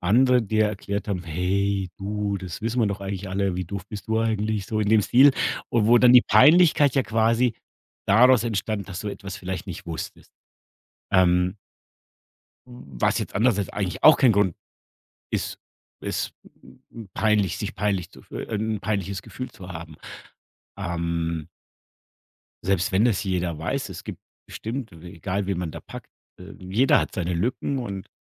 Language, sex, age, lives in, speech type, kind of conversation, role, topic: German, male, 50-54, Germany, conversation, podcast, host, Mal ehrlich: Wann ist Nachfragen peinlich, und wann ist es nötig?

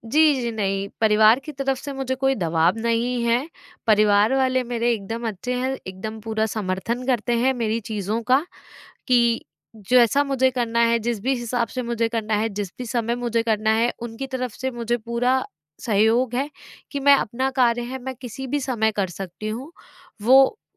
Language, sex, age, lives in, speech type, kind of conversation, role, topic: Hindi, female, 25-29, India, conversation, advice, user, मैं अपनी व्यक्तिगत इच्छाओं और पारिवारिक जिम्मेदारियों के बीच संतुलन कैसे बनाऊँ?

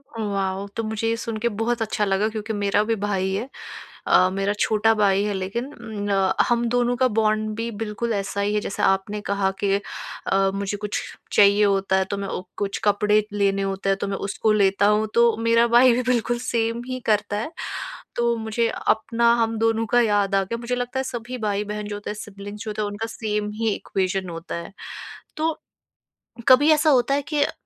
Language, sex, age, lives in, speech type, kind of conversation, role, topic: Hindi, female, 25-29, India, conversation, podcast, host, Jab tum low feel karte ho, toh apne aap ko kaise sambhalte ho?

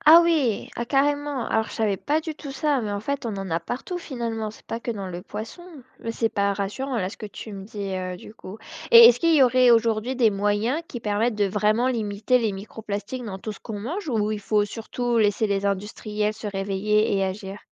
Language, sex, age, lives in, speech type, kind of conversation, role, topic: French, female, 25-29, France, read, expert-assistant, asker, Pourquoi on entend des choses différentes sur les effets des microplastiques sur les poissons ?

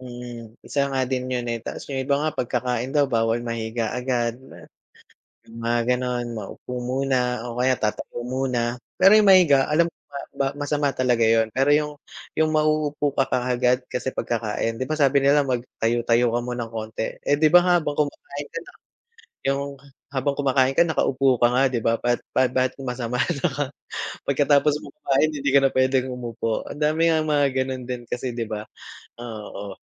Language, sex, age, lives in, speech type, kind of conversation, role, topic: Filipino, male, 35-39, Philippines, conversation, unstructured, none, Ano ang palagay mo sa mga taong hindi naliligo araw-araw?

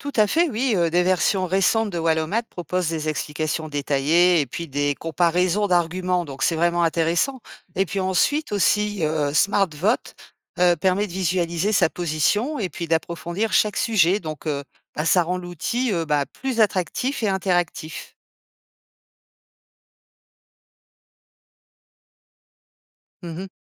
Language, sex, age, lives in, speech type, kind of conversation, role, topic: French, female, 50-54, France, read, expert-assistant, expert, Quels sont les points forts et les faiblesses d’un pays avec beaucoup de partis politiques, comparé à un pays avec seulement deux grands partis ?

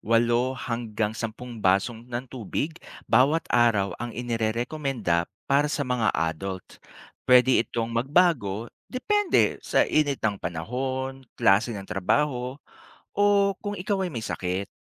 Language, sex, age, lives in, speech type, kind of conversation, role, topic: Filipino, male, 40-44, Philippines, read, expert-assistant, expert, Bakit mahalaga ang pag-inom ng sapat na tubig araw-araw?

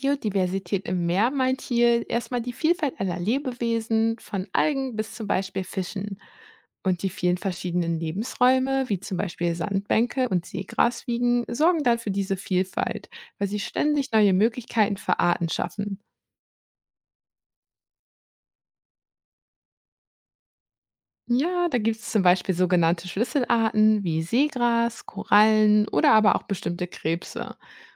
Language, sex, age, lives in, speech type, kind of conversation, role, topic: German, female, 30-34, Germany, read, expert-assistant, expert, Wie beeinflussen invasive Arten die Biodiversität im Meer?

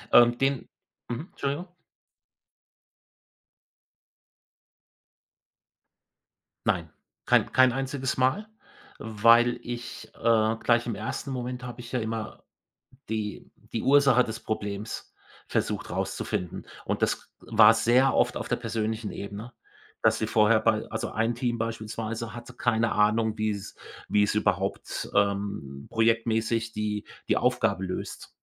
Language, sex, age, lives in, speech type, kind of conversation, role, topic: German, male, 55-59, Germany, conversation, podcast, guest, Wie hast du den ersten Schritt vorbereitet?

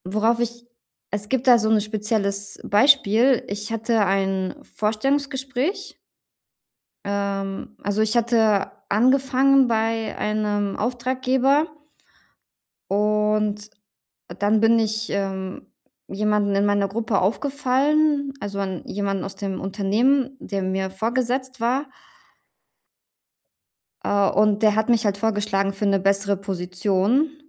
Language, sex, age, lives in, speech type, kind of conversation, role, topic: German, female, 35-39, Germany, conversation, advice, user, Wie kann ich souverän wirken, auch wenn ich innerlich unsicher bin?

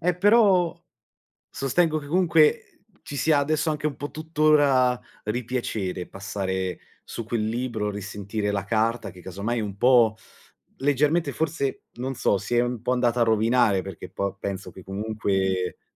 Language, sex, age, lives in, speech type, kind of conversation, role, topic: Italian, male, 20-24, Italy, conversation, unstructured, none, Qual è un oggetto che ti fa tornare in mente un ricordo speciale?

